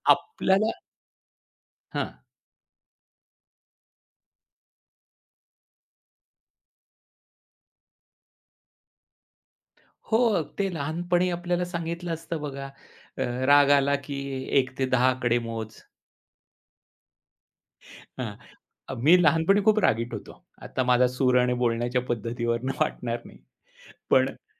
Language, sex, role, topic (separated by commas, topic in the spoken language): Marathi, male, guest, नकारात्मक विचारांचा सामना कसा करता?